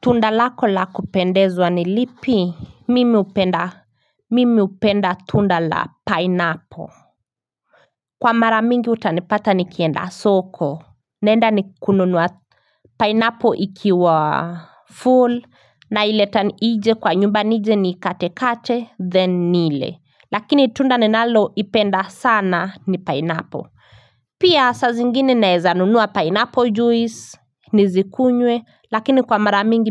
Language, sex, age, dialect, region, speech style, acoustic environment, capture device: Swahili, female, 25-34, Kenya - Nairobi Sheng-influenced, Kenya, focused; happy, nature, mobile / Linux / Chrome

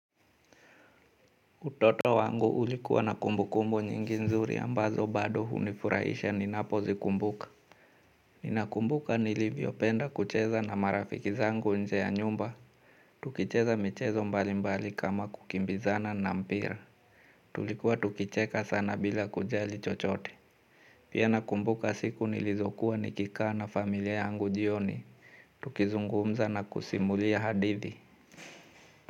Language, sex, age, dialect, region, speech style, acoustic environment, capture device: Swahili, male, 35-44, Kenya - Mombasa, Kenya, anxious; nervous, nature, mobile / Linux / Chrome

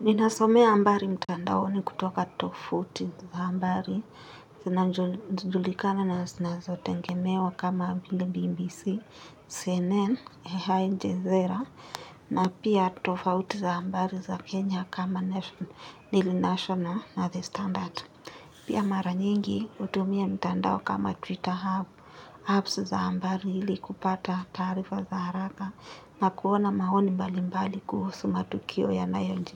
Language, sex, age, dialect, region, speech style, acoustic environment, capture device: Swahili, female, 18-24, Kenya - Nairobi Sheng-influenced, Kenya, tired, appliances; nature, mobile / Linux / Chrome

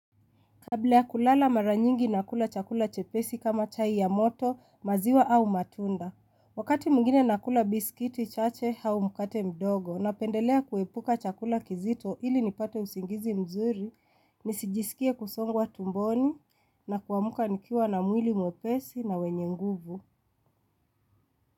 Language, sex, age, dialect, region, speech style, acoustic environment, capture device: Swahili, female, 25-34, Kenya - Nairobi Sheng-influenced, Kenya, excited, silence, mobile / Linux / Chrome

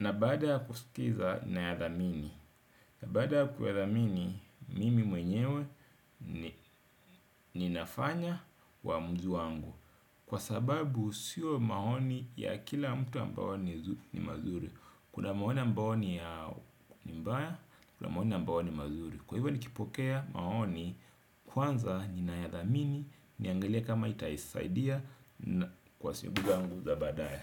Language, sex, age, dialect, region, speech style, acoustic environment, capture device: Swahili, male, 35-44, Kenya - Mombasa, Kenya, happy, nature, desktop / Windows / Edge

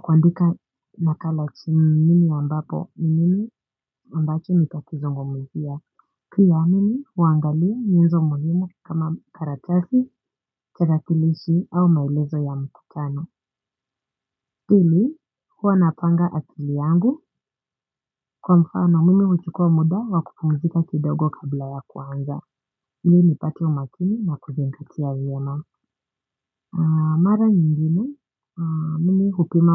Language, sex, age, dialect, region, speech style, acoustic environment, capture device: Swahili, female, 18-24, Kenya - Nairobi Sheng-influenced, Kenya, relaxed, silence; appliances, mobile / Linux / Chrome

